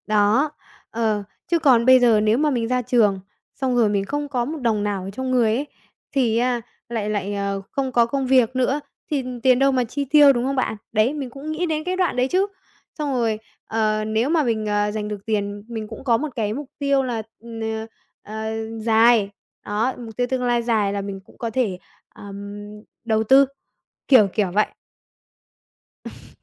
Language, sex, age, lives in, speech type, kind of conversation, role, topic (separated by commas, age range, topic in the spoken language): Vietnamese, female, 20-24, Vietnam, conversation, advice, user, Làm sao cân bằng chi tiêu để vừa yên tâm cho tương lai vừa tận hưởng niềm vui hiện tại?